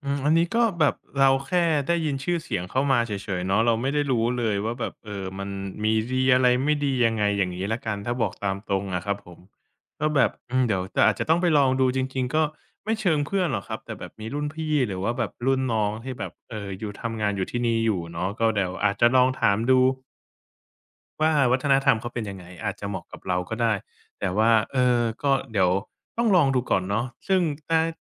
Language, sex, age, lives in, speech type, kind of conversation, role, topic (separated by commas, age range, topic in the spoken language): Thai, male, 25-29, Thailand, conversation, advice, user, ฉันควรใช้เงินเพื่อความสุขตอนนี้หรือเก็บออมเพื่อความมั่นคงในอนาคตดี?